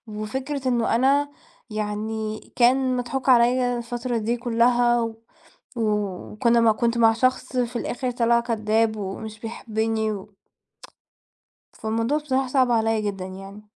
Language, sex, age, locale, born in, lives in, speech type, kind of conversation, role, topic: Arabic, female, 20-24, ar-EG, Egypt, Portugal, conversation, podcast, guest, بتعمل إيه لما حد يخيب أملك؟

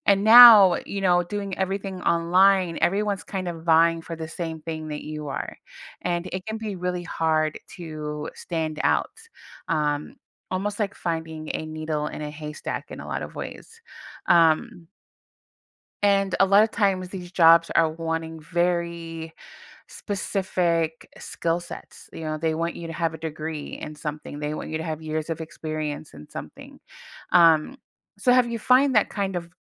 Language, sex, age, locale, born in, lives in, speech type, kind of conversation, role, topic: English, female, 40-44, en-US, United States, United States, conversation, advice, advisor, How can I move forward in my career?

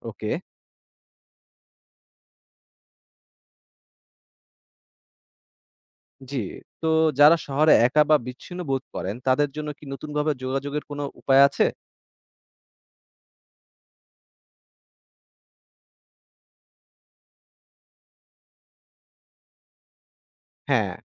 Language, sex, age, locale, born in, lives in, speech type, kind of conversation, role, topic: Bengali, male, 20-24, bn-BD, Bangladesh, Bangladesh, read, expert-assistant, asker, শহুরে জীবনের সুবিধা ও অসুবিধা: শহরের দ্রুত সম্প্রসারণ আমাদের সামাজিক বন্ধন কিভাবে প্রভাবিত করছে?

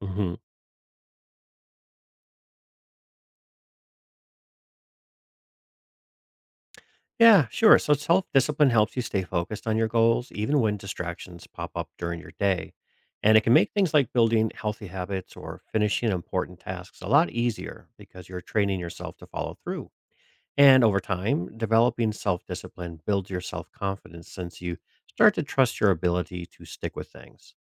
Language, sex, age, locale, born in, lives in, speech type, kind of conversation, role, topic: English, male, 45-49, en-US, United States, United States, read, expert-assistant, expert, What are the benefits of practicing self-discipline?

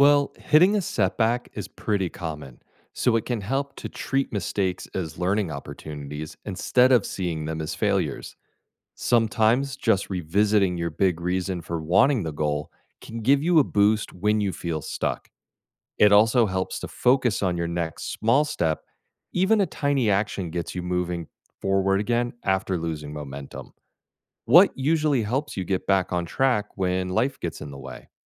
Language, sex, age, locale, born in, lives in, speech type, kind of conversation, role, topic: English, male, 40-44, en-US, United States, United States, read, expert-assistant, expert, How can setting goals help you achieve success?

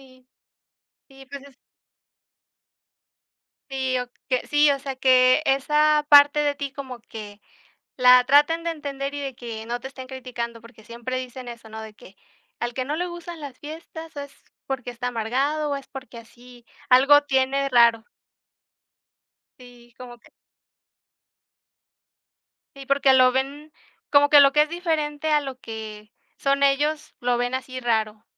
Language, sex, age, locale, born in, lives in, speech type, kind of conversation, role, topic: Spanish, female, 25-29, es-MX, Mexico, Mexico, conversation, unstructured, none, ¿Hay algo de ti que sientas que nadie entiende?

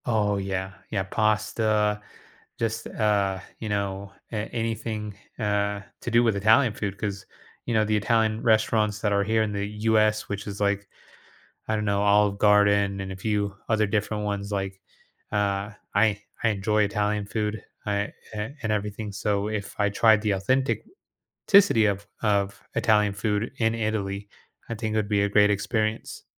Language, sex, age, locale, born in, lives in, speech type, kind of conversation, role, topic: English, male, 30-34, en-US, United States, United States, conversation, podcast, guest, How does exploring new foods enhance your travel experiences and connections with local culture?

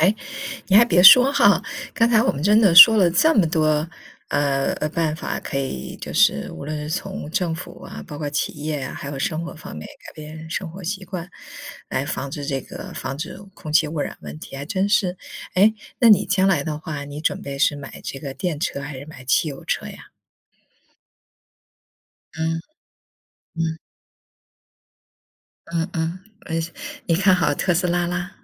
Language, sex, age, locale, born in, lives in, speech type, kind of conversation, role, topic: Chinese, female, 50-54, zh-CN, China, United States, conversation, unstructured, none, 你怎么看待空气污染问题？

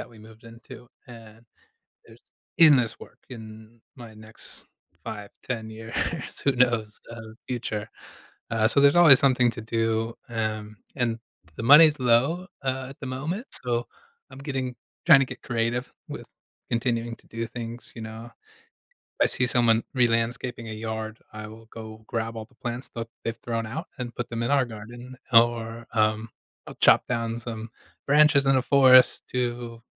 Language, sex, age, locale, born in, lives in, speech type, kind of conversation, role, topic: English, male, 35-39, en-US, United States, United States, conversation, advice, user, How can I appreciate small daily moments with my spouse?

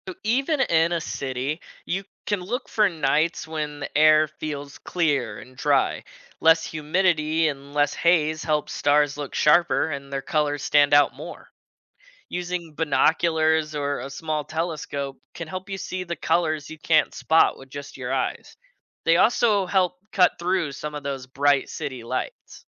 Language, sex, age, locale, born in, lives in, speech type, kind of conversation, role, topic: English, male, 20-24, en-US, United States, United States, read, expert-assistant, expert, Why do stars twinkle at night?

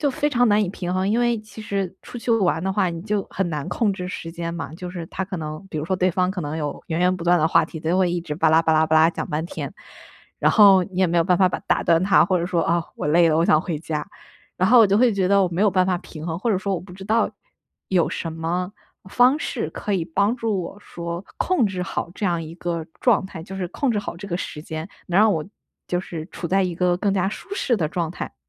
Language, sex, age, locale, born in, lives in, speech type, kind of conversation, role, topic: Chinese, female, 25-29, zh-CN, China, United States, conversation, advice, user, 周末我该如何平衡社交与独处时间？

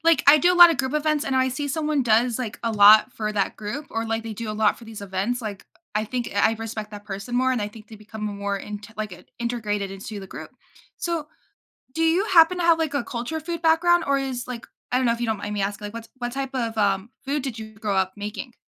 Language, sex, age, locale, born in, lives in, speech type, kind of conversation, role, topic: English, female, 18-19, en-US, United States, United States, conversation, podcast, host, How does sharing meals shape our relationships and sense of community?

